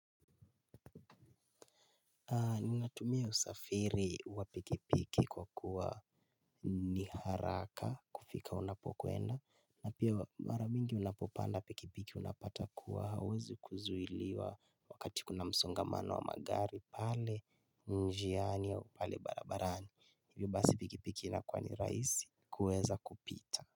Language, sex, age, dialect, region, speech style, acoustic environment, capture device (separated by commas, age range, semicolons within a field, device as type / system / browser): Swahili, male, 18-24, Kenya - Nairobi Sheng-influenced, Kenya, relaxed, silence, mobile / Linux / Chrome